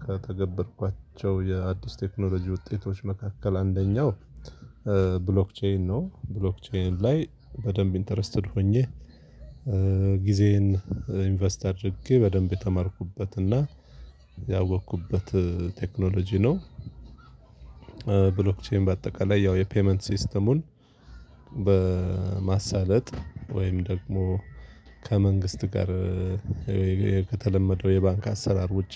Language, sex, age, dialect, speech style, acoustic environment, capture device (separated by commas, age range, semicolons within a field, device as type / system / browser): Amharic, male, 35-44, Ethiopia - Addis Ababa, focused, people talking, mobile / Linux / Chrome